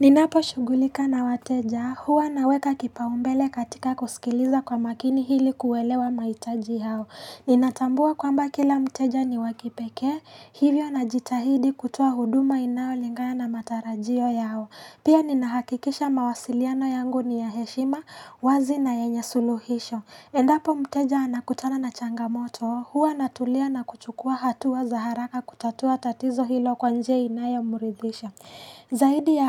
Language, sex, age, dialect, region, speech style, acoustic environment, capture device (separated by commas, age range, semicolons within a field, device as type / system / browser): Swahili, female, 18-24, Kenya - Nairobi Sheng-influenced, Kenya, relaxed, nature, mobile / Linux / Chrome